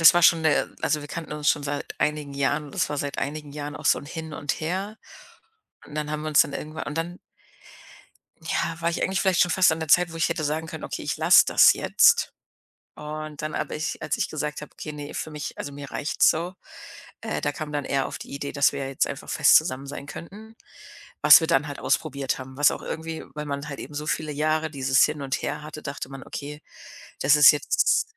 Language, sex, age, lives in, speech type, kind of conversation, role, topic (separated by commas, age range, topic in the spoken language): German, female, 30-34, Germany, conversation, podcast, guest, Welche kleinen Veränderungen haben deine Energie am meisten verbessert?